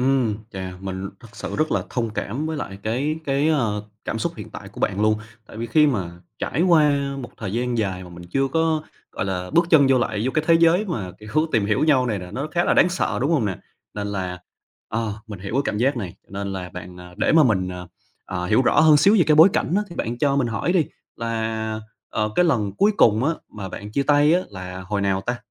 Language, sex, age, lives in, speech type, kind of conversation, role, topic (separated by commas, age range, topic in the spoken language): Vietnamese, male, 25-29, Vietnam, conversation, advice, advisor, Làm sao vượt qua nỗi sợ bắt đầu hẹn hò lại sau một cuộc chia tay lâu dài?